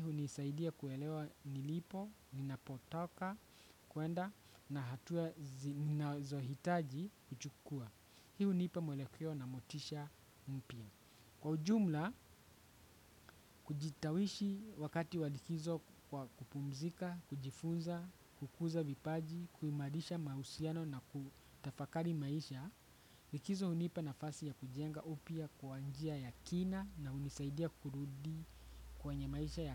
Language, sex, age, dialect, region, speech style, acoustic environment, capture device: Swahili, male, 25-34, Kenya - Nairobi Sheng-influenced, Kenya, relaxed, nature, desktop / Windows / Chrome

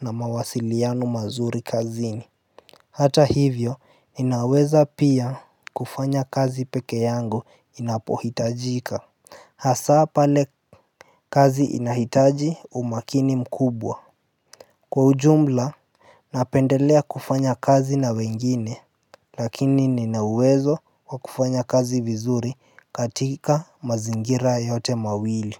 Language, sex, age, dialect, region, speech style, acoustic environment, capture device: Swahili, male, 18-24, Kenya - Nairobi Sheng-influenced, Kenya, happy, appliances; nature, mobile / Linux / Chrome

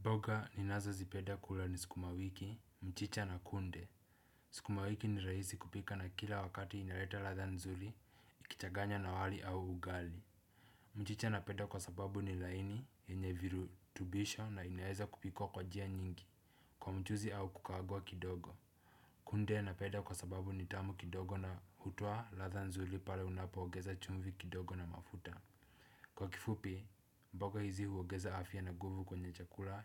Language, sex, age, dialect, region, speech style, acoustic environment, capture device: Swahili, male, 18-24, Kenya - Nairobi Sheng-influenced, Kenya, relaxed, silence, desktop / Windows / Chrome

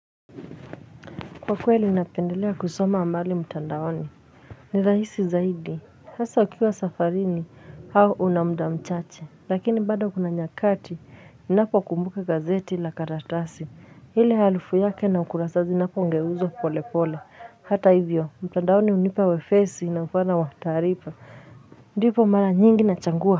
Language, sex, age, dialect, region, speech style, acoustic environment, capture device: Swahili, female, 25-34, Kenya - Nairobi Sheng-influenced, Kenya, happy, silence, mobile / Linux / Chrome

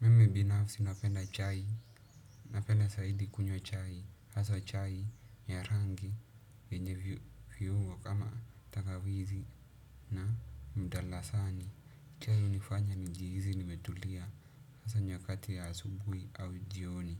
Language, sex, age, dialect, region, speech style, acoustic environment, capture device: Swahili, male, 18-24, Kenya - Nairobi Sheng-influenced, Kenya, happy, silence, desktop / Windows / Chrome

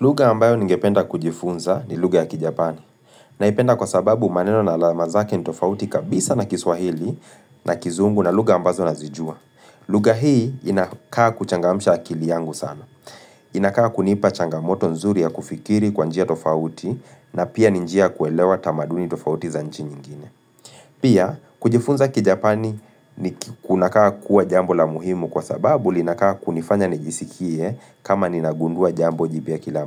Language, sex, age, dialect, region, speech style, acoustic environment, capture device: Swahili, male, 25-34, Kenya - Nairobi Sheng-influenced, Kenya, focused; relaxed, people talking; music; appliances, mobile / Linux / Chrome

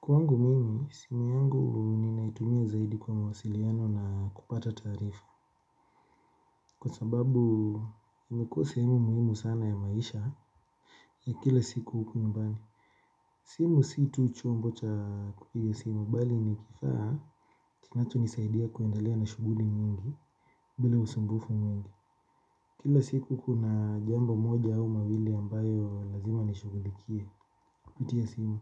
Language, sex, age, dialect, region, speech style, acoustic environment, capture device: Swahili, male, 25-34, Kenya - Nairobi Sheng-influenced, Kenya, relaxed, silence, mobile / Linux / Chrome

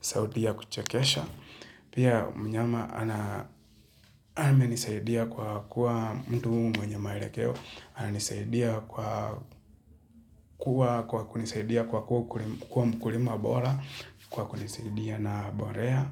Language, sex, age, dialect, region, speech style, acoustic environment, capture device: Swahili, male, 25-34, Kenya - Nairobi Sheng-influenced, Kenya, relaxed, silence, mobile / Linux / Chrome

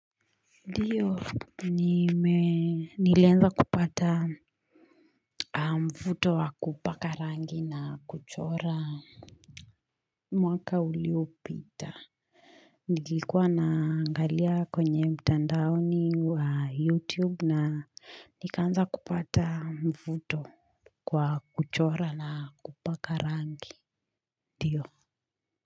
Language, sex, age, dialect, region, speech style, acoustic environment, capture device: Swahili, female, 25-34, Kenya - Nairobi Sheng-influenced, Kenya, relaxed, silence, mobile / Linux / Chrome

